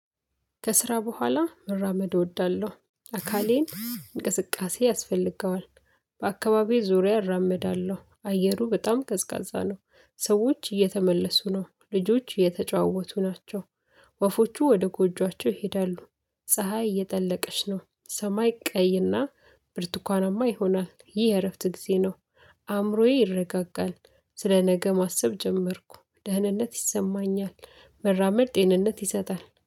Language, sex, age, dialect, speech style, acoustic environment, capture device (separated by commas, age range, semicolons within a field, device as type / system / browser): Amharic, female, 25-34, Ethiopia - Addis Ababa, excited; focused, silence, mobile / Linux / Chrome